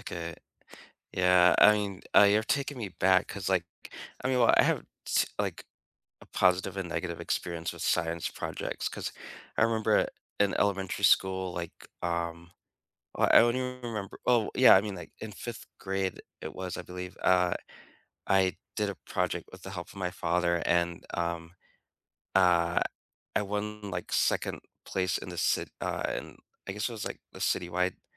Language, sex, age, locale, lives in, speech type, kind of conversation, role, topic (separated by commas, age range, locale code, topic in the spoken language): English, male, 45-49, en-US, United States, conversation, unstructured, none, What class project will you never forget, and what made it so meaningful for you?